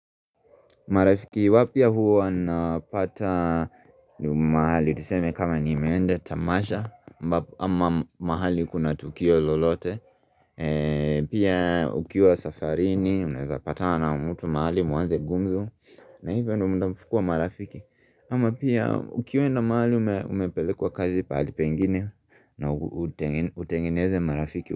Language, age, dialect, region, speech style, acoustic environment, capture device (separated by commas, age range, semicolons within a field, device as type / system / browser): Swahili, 25-34, Kenya - Nairobi Sheng-influenced, Kenya, relaxed, silence, mobile / Linux / Chrome